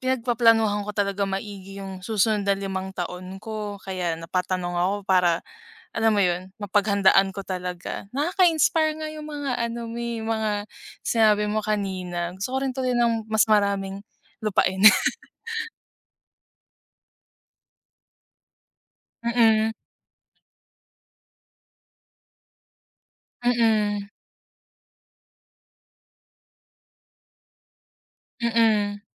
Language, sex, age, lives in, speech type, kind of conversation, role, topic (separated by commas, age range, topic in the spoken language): Filipino, female, 25-29, Philippines, conversation, unstructured, none, Ano ang gusto mong makamit sa susunod na limang taon?